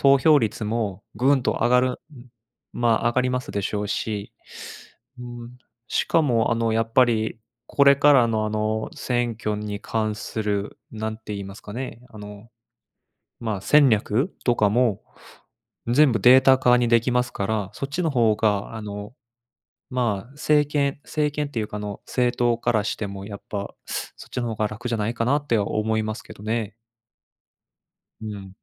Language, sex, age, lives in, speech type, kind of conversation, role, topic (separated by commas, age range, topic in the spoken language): Japanese, male, 25-29, Japan, conversation, unstructured, none, 選挙に行く理由は何だと思いますか？